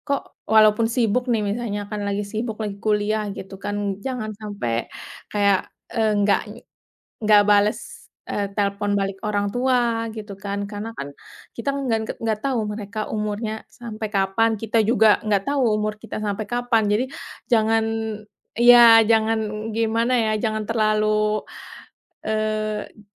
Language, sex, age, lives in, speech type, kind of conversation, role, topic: Indonesian, female, 30-34, Indonesia, conversation, unstructured, none, Bagaimana perasaanmu saat harus berpisah jauh dari keluarga?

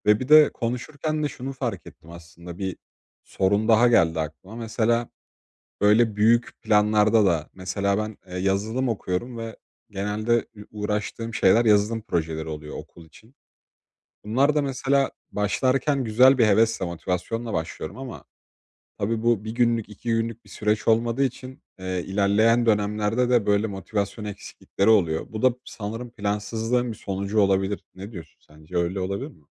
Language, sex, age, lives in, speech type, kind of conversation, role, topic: Turkish, male, 20-24, Poland, conversation, advice, user, Motivasyonum düştüğünde ne yapabilirim ve alternatif planları nasıl oluşturabilirim?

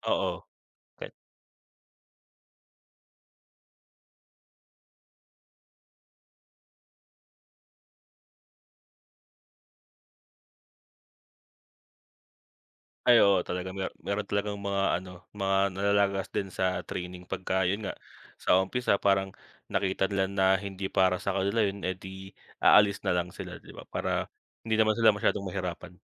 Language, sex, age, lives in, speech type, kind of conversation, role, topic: Filipino, male, 25-29, Philippines, conversation, unstructured, none, Ano ang pinakatumatak na pangyayari sa iyong trabaho?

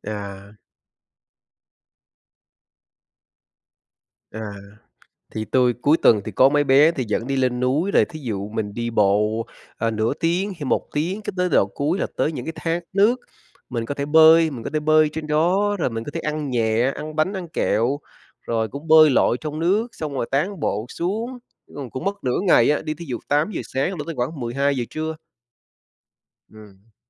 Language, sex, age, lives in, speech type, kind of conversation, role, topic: Vietnamese, male, 40-44, United States, conversation, unstructured, none, Bạn thường dành thời gian rảnh để làm gì?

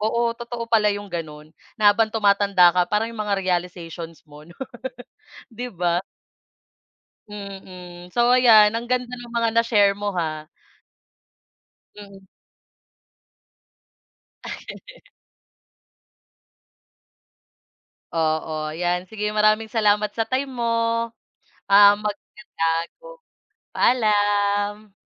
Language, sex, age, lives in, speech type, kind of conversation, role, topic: Filipino, female, 25-29, Philippines, conversation, unstructured, none, Ano ang mga pangarap mo sa hinaharap, at bakit?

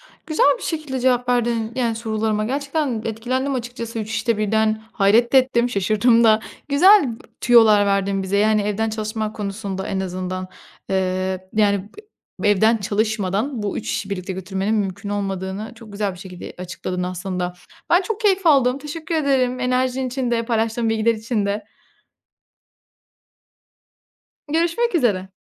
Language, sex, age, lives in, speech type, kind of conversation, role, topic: Turkish, female, 25-29, Italy, conversation, podcast, host, Tutku mu para mı? Senin için hangisi daha önemli?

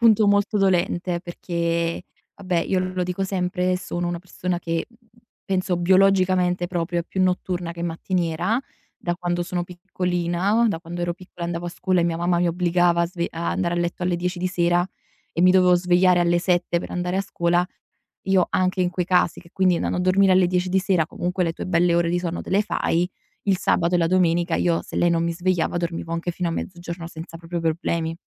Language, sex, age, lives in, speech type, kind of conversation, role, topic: Italian, female, 20-24, Italy, conversation, advice, user, Come posso gestire il sovraccarico di lavoro ed evitare il burnout mentre porto avanti la mia startup?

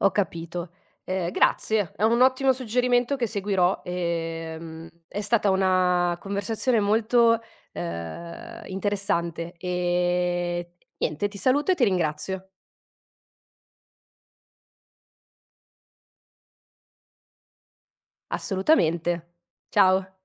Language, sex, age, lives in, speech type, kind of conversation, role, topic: Italian, female, 30-34, Italy, conversation, podcast, host, Come descriveresti il tuo gusto musicale oggi?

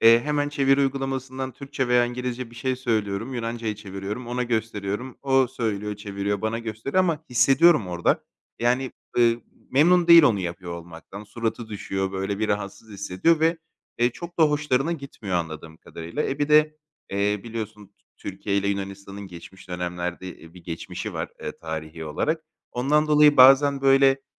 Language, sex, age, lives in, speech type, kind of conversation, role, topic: Turkish, male, 30-34, Greece, conversation, advice, user, Yurt dışında resmi işlemlerde yaşadığım dil ve iletişim zorluklarını aşmam için nasıl yardımcı olabilirsiniz?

